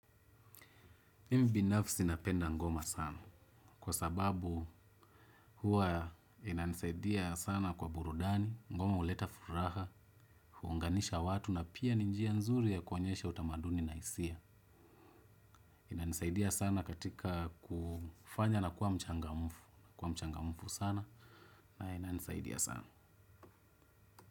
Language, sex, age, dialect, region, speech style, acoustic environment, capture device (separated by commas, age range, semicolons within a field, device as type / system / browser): Swahili, male, 25-34, Kenya - Nairobi Sheng-influenced, Kenya, happy, nature, desktop / Windows / Chrome